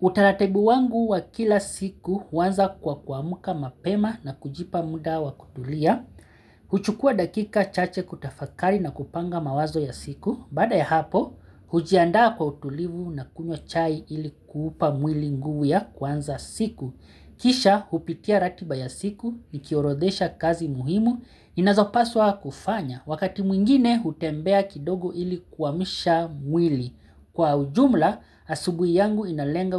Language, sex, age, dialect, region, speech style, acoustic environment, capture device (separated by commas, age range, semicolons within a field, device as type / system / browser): Swahili, male, 35-44, Kenya - Mombasa, Kenya, relaxed, silence, mobile / Linux / Chrome